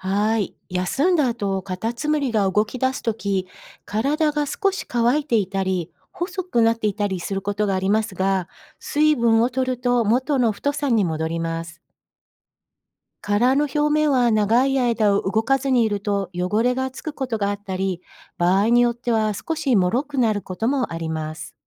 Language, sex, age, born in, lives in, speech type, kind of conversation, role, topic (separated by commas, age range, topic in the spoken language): Japanese, female, 55-59, Japan, United States, read, expert-assistant, expert, なぜカタツムリには殻があるのか説明してください。